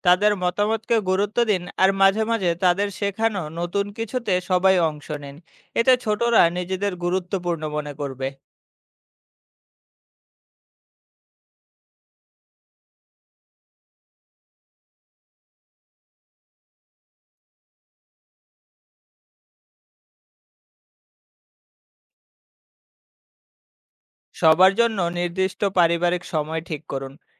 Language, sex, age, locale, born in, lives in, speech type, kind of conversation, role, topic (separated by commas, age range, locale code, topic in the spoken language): Bengali, male, 25-29, bn-BD, Bangladesh, Bangladesh, read, expert-assistant, expert, টেলিভিশন সংবাদ, বিনোদন অনুষ্ঠান, আর শিক্ষামূলক অনুষ্ঠান—আপনি কোনটিকে বেশি গুরুত্ব দেবেন? কেন?